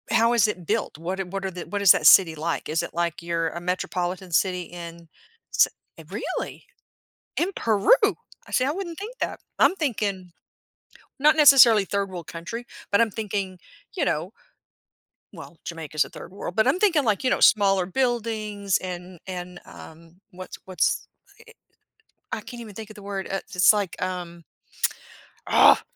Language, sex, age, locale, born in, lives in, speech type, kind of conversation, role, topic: English, female, 50-54, en-US, United States, United States, conversation, podcast, host, How can travel to new places broaden your perspective or change your outlook on life?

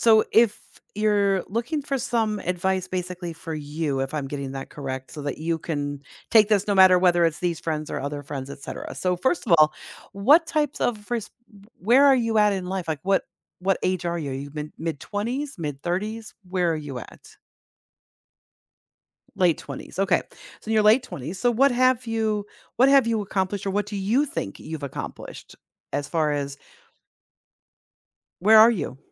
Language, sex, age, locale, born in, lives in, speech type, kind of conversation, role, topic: English, female, 50-54, en-US, United States, United States, conversation, advice, advisor, How can I cope with pressure to have it all together?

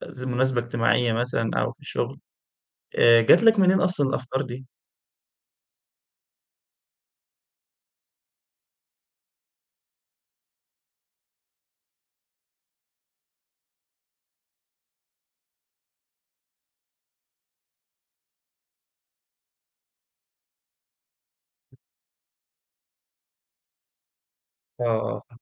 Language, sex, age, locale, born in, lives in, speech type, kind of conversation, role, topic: Arabic, male, 20-24, ar-EG, Egypt, Egypt, conversation, advice, advisor, إزاي بتتعامل مع الضغط إنك ما تبينش ضعفك أو مشاكلك النفسية قدام الناس؟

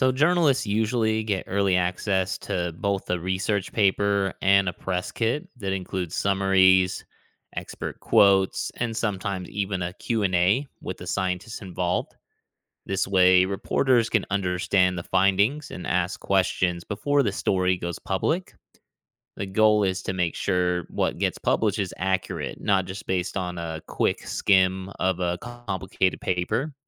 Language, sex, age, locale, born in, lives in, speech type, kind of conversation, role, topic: English, male, 35-39, en-US, United States, United States, read, expert-assistant, expert, Where can I find the most reliable sources for understanding new discoveries in astrophysics?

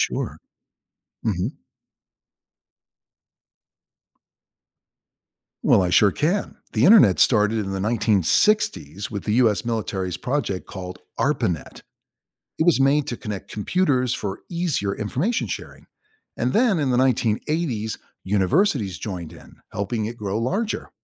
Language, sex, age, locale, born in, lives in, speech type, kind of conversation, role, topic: English, male, 60-64, en-US, United States, United States, read, expert-assistant, expert, What is the history and importance of the Internet?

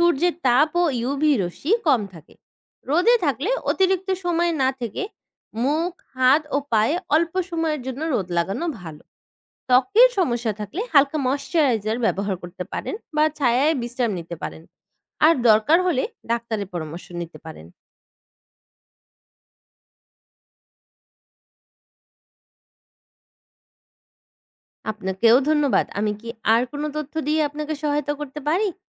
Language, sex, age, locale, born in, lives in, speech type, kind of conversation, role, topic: Bengali, female, 20-24, bn-BD, Bangladesh, Bangladesh, read, expert-assistant, expert, পর্যাপ্ত রোদ পাওয়া ও ভিটামিন ডি-র গুরুত্ব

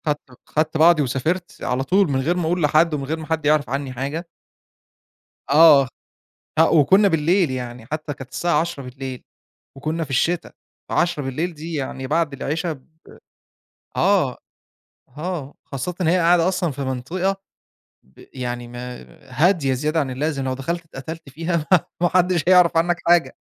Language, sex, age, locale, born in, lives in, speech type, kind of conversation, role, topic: Arabic, male, 25-29, ar-EG, Egypt, Egypt, conversation, podcast, guest, إيه الأغنية اللي كل ما تسمعها تفصلك عن دلوقتي وترجعك للماضي؟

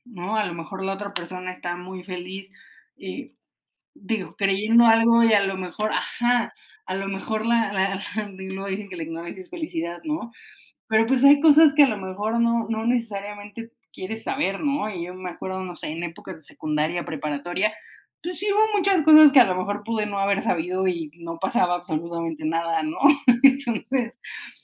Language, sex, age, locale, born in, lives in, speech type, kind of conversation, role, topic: Spanish, female, 30-34, es-MX, Mexico, Mexico, conversation, unstructured, none, ¿Crees que siempre está bien decir la verdad, aunque duela?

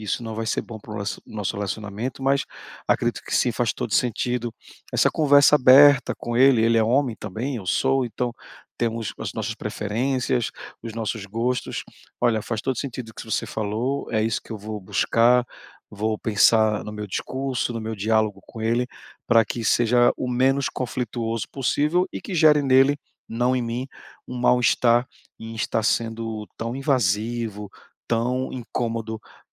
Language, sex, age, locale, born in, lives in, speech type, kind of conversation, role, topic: Portuguese, male, 40-44, pt-BR, Brazil, Portugal, conversation, advice, user, Como posso começar a estabelecer limites com familiares próximos sem brigar?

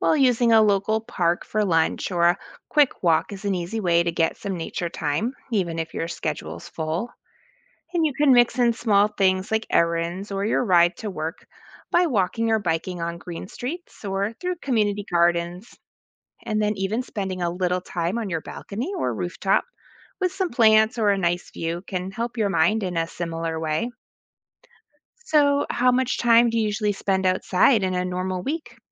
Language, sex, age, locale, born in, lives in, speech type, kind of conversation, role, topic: English, female, 40-44, en-US, United States, United States, read, expert-assistant, expert, What are the benefits of spending time outdoors?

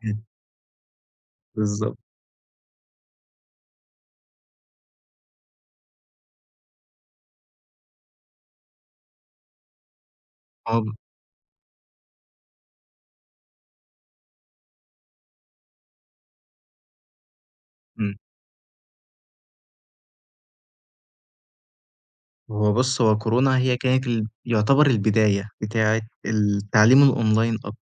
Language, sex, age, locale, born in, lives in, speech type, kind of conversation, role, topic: Arabic, male, 20-24, ar-EG, Egypt, Egypt, conversation, podcast, guest, إيه رأيك في دور الإنترنت في التعليم دلوقتي؟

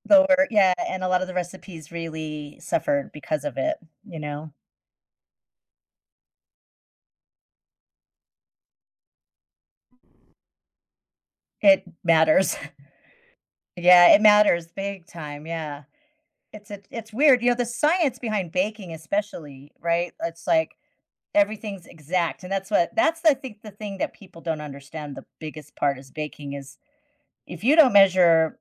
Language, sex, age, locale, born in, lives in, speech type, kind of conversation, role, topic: English, female, 55-59, en-US, United States, United States, conversation, podcast, host, What are the best ways for beginners to build confidence and enjoy learning to cook?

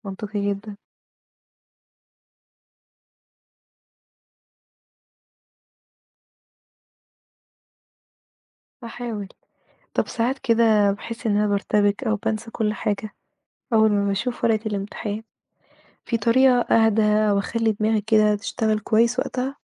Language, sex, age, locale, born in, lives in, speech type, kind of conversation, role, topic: Arabic, female, 30-34, ar-EG, Egypt, Portugal, read, expert-assistant, asker, ما أهمية وضع خطة دراسية لتحقيق التفوق الأكاديمي؟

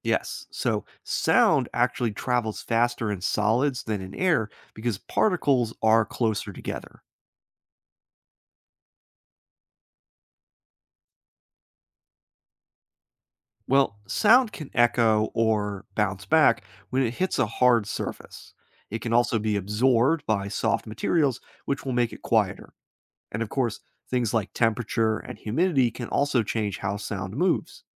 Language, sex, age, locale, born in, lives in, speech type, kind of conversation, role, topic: English, male, 30-34, en-US, United States, United States, read, expert-assistant, expert, How does sound travel, and what impact does it have on our environment?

